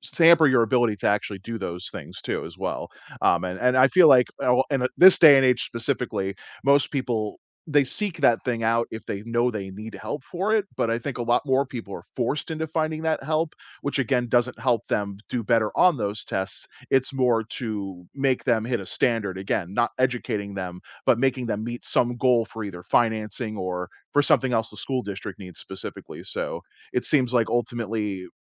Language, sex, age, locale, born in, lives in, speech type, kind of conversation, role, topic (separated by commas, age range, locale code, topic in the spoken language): English, male, 35-39, en-US, United States, United States, conversation, unstructured, none, What's your opinion on standardized testing?